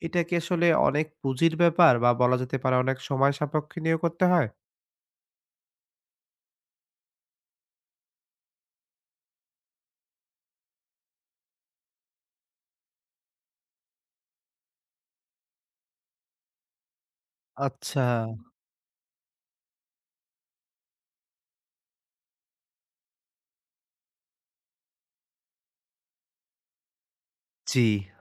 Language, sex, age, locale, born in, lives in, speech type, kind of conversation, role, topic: Bengali, male, 25-29, bn-BD, Bangladesh, Bangladesh, conversation, advice, advisor, আমি কাজ শুরু করতে সবসময় দেরি করি—এই কাজ ফেলে রাখার অভ্যাস কীভাবে ছাড়তে পারি?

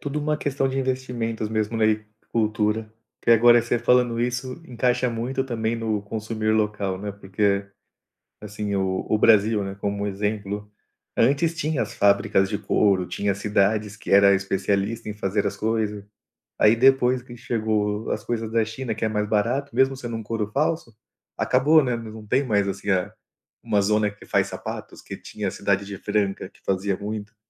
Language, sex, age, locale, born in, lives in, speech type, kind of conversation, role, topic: Portuguese, male, 35-39, pt-BR, Brazil, Portugal, conversation, podcast, host, Como o consumo consciente afeta a conservação da natureza?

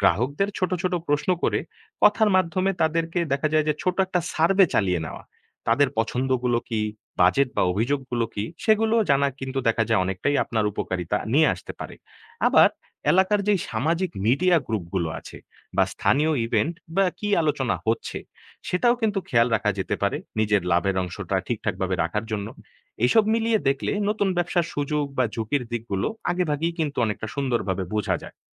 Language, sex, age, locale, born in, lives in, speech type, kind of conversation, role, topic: Bengali, male, 20-24, bn-BD, Bangladesh, Bangladesh, read, expert-assistant, expert, ছোট ব্যবসা টিকে রাখতে কীভাবে ঝুঁকি ও প্রতিযোগিতা মোকাবিলা করা যায়?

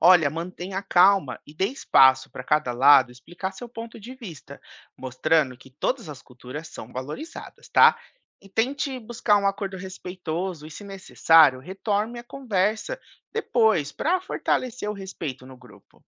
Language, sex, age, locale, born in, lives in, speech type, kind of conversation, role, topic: Portuguese, male, 30-34, pt-BR, Brazil, United States, read, expert-assistant, expert, Como posso planejar uma ação social levando em conta os jeitos e tradições das pessoas envolvidas?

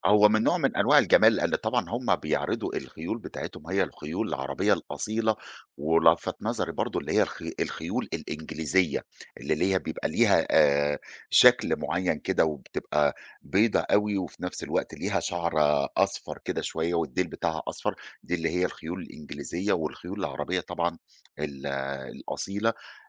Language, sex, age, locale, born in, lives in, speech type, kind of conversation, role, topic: Arabic, male, 40-44, ar-EG, Egypt, Egypt, conversation, podcast, guest, كيف كانت أول زيارة ليك لسوق شعبي برّه بلدك؟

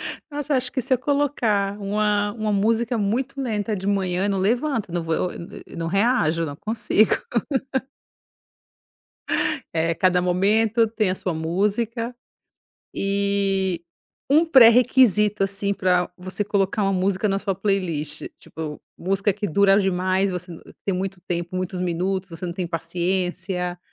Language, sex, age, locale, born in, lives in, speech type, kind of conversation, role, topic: Portuguese, female, 35-39, pt-BR, Brazil, Italy, conversation, podcast, host, O que faz uma playlist ser memorável para você?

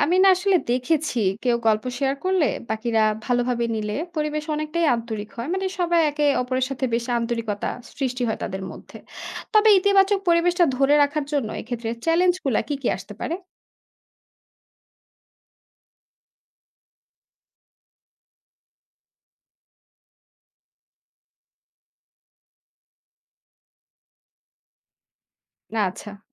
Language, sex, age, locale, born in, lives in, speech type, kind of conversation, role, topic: Bengali, female, 25-29, bn-BD, Bangladesh, Bangladesh, read, expert-assistant, asker, সংবেদনশীল অফিস পরিবেশে আন্তরিকতা ও পারস্পরিক সম্মান কীভাবে বজায় রাখা যায়?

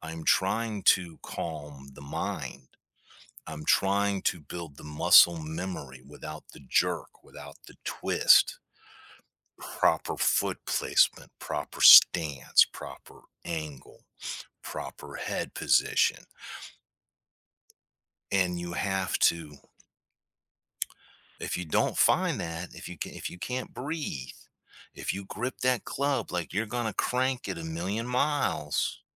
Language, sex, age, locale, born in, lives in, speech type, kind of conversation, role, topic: English, male, 55-59, en-US, United States, United States, conversation, podcast, guest, What helps you stay motivated when your hobby becomes challenging?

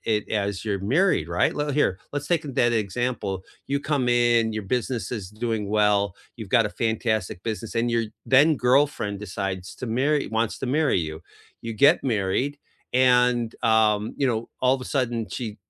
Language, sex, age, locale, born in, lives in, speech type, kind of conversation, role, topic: English, male, 55-59, en-US, United States, United States, conversation, unstructured, none, Should couples combine their money in a joint account, keep finances separate, or use a mix of both?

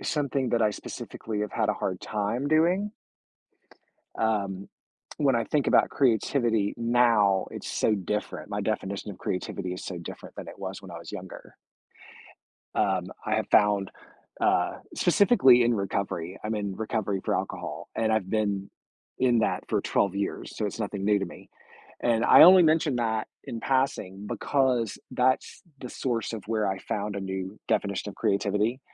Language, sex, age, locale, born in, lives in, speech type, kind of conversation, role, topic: English, male, 45-49, en-US, United States, United States, conversation, podcast, guest, What are some ways people can discover and nurture their own creativity?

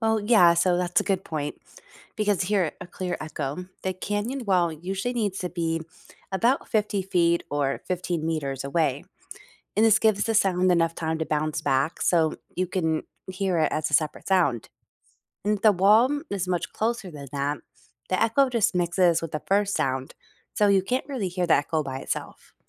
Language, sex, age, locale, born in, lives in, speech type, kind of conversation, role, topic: English, female, 40-44, en-US, United States, United States, read, expert-assistant, expert, What causes echoes in a canyon?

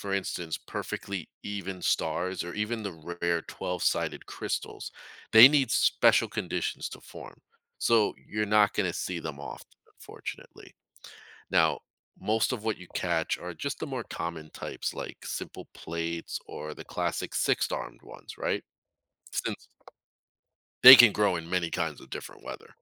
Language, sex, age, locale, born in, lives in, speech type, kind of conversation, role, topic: English, male, 40-44, en-US, United States, United States, read, expert-assistant, expert, How does a snowflake form its unique shape?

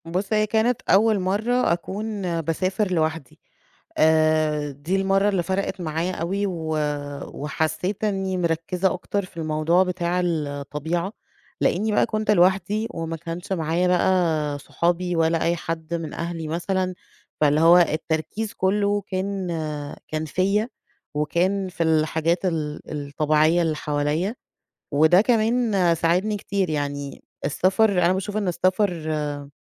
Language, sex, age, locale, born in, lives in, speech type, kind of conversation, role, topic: Arabic, female, 35-39, ar-EG, Egypt, Egypt, conversation, podcast, guest, إزاي رحلاتك في الطبيعة بتأثر على صحتك النفسية؟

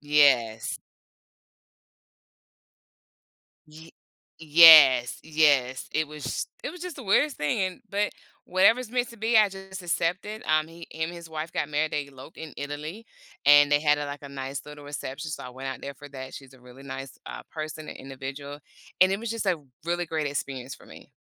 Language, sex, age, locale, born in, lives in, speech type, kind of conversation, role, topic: English, female, 45-49, en-US, United States, United States, conversation, podcast, guest, How do you start a conversation with someone new?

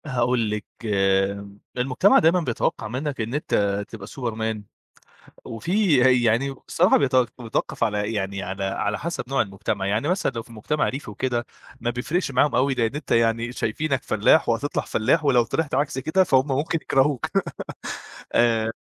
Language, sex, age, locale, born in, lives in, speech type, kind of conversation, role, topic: Arabic, male, 25-29, ar-EG, Egypt, Egypt, conversation, podcast, guest, إزاي بتتعامل مع توقعات المجتمع للنجاح؟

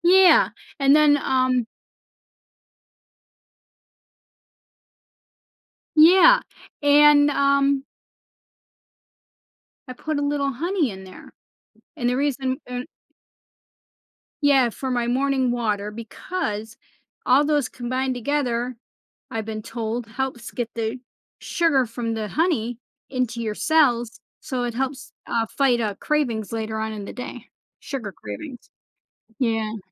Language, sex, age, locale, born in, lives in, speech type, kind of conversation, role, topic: English, female, 55-59, en-US, United States, United States, conversation, podcast, guest, How can small daily changes lead to a healthier lifestyle for anyone?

